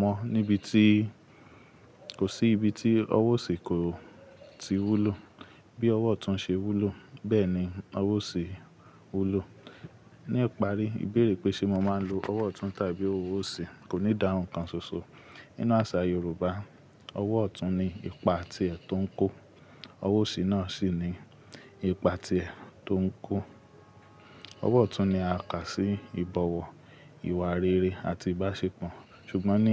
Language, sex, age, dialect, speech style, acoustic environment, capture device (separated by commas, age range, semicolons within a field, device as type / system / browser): Yoruba, male, 25-34, Nigeria - Ibadan, relaxed, nature, mobile / Linux / Chrome